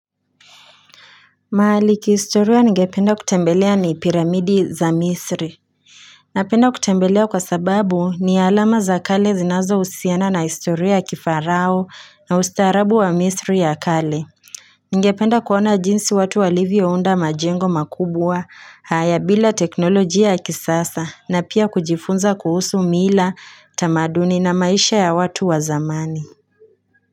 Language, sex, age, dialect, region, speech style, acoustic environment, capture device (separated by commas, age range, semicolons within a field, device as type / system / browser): Swahili, female, 18-24, Kenya - Nairobi Sheng-influenced, Kenya, happy, silence, mobile / Linux / Chrome